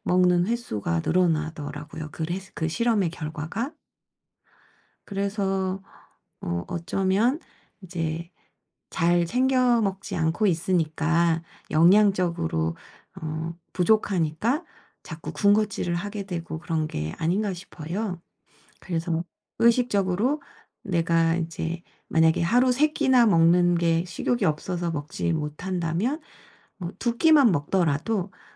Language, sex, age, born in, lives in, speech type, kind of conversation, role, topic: Korean, female, 45-49, South Korea, South Korea, conversation, advice, advisor, 일상에서 음식 섭취를 더 의식적으로 관리하려면 어떻게 시작하면 좋을까요?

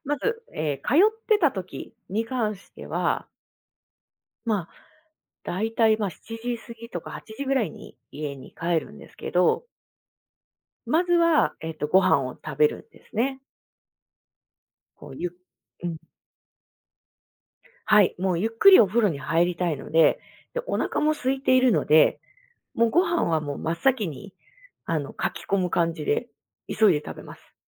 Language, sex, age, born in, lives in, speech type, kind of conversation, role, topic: Japanese, female, 35-39, Japan, Japan, conversation, podcast, guest, 仕事のあと、気分転換には何がいちばん効果的ですか？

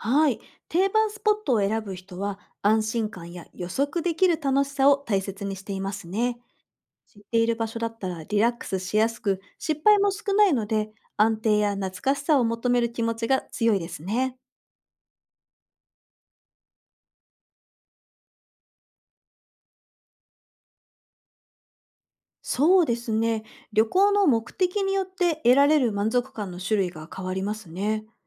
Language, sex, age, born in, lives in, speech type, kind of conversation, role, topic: Japanese, female, 40-44, Japan, Japan, read, expert-assistant, expert, なぜ旅行を計画するとき、多くの人が『非日常感』や『新しい発見』を求める傾向があるのでしょうか？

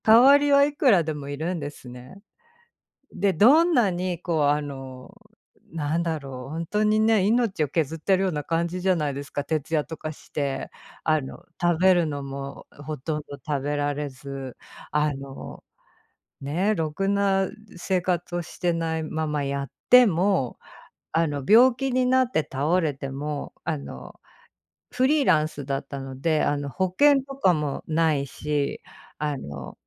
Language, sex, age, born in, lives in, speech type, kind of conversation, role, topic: Japanese, female, 45-49, Japan, United States, conversation, unstructured, none, 最近、何か新しいことを学びましたか？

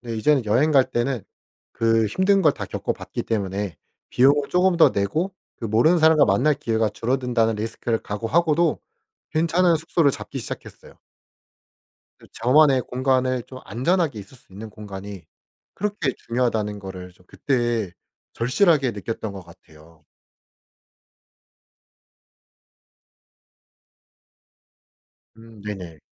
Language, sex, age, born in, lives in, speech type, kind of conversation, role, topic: Korean, male, 25-29, South Korea, South Korea, conversation, podcast, guest, 잠들기 전에 보통 어떤 루틴을 지키시나요?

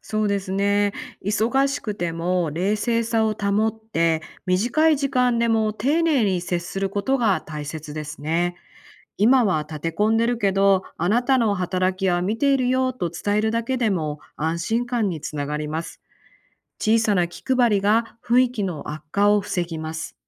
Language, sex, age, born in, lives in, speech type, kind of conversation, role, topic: Japanese, female, 45-49, Japan, United States, read, expert-assistant, expert, リーダーがチームのやる気を引き出すために行っている工夫にはどんなものがありますか？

